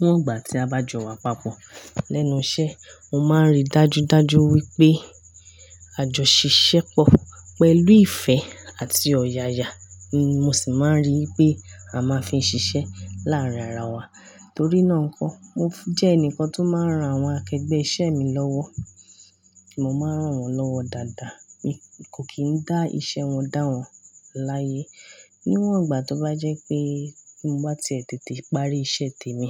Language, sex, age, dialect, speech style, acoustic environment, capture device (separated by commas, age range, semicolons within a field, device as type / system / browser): Yoruba, female, 25-34, Nigeria - Ibadan, happy; excited; focused; relaxed, nature; silence; construction, mobile / Linux / Chrome